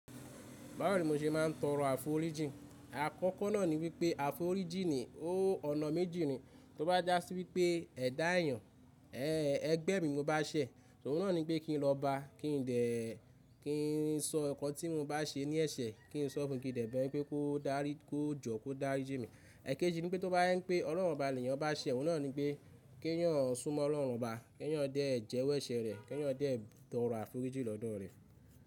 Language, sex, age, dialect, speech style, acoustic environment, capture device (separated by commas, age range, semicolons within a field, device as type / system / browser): Yoruba, male, 25-34, Nigeria - Ibadan, excited, nature, mobile / Linux / Chrome